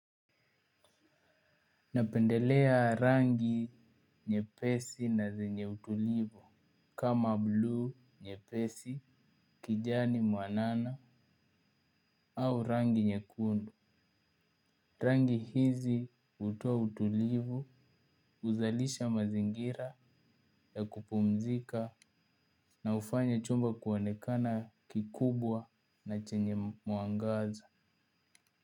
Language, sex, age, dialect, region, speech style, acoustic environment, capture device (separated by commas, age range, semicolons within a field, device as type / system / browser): Swahili, male, 18-24, Kenya - Nairobi Sheng-influenced, Kenya, focused, appliances; nature, mobile / Linux / Chrome